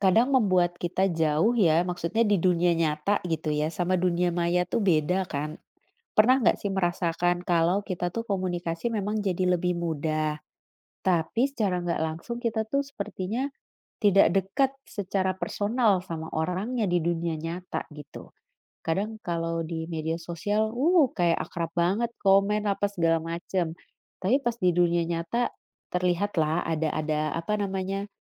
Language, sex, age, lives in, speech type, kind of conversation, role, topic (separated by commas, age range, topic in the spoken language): Indonesian, female, 35-39, Netherlands, conversation, unstructured, none, Bagaimana teknologi mengubah cara kita berkomunikasi?